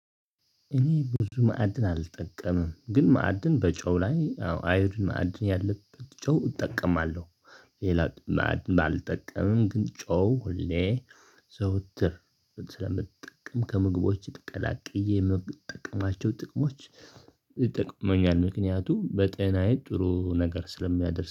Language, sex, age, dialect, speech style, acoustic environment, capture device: Amharic, male, 25-34, Ethiopia - Tigray, happy; excited, silence, desktop / Linux / Chrome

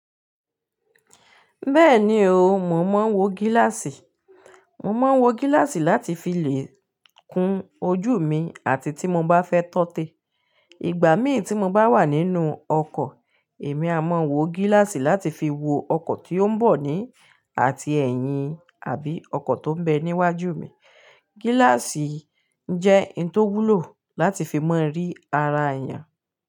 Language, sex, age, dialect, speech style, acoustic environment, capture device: Yoruba, female, 35-44, Nigeria - Ibadan, relaxed, nature, mobile / Linux / Chrome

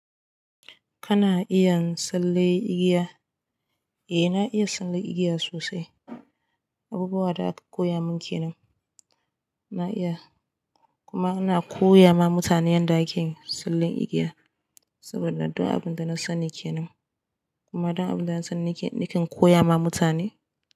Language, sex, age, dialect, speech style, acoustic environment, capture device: Hausa, female, 18-24, Nigeria - Katsina, happy, silence, desktop / Linux / Chrome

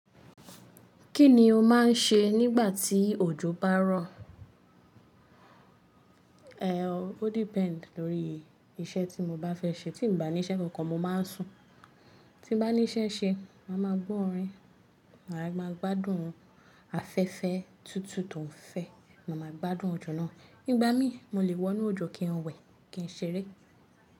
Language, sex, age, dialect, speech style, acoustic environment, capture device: Yoruba, female, 25-34, Nigeria - Lagos Yoruba, relaxed; focused, appliances; nature, mobile / Linux / Chrome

